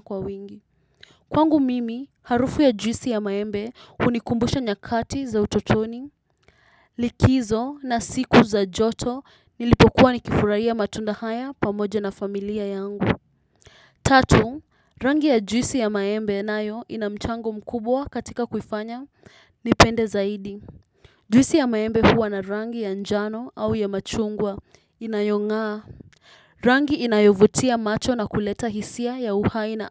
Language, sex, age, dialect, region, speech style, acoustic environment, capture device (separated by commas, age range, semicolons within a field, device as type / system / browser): Swahili, female, 18-24, Kenya - Nairobi Sheng-influenced, Kenya, relaxed, nature; silence, desktop / Windows / Chrome